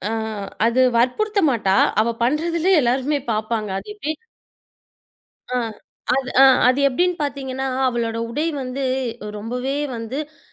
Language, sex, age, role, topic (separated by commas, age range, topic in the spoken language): Tamil, female, 25-29, guest, ஒரு நபரை நம்பலாமா என்பதை உடல்மொழியால் எப்படி அறியலாம்?